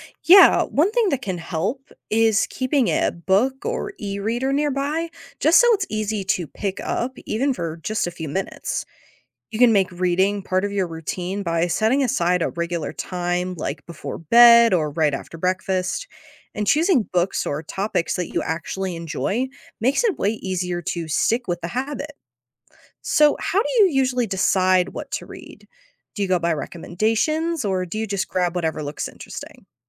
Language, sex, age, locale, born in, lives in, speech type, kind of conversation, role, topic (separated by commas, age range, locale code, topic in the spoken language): English, female, 18-19, en-US, United States, United States, read, expert-assistant, expert, What are the benefits of reading every day?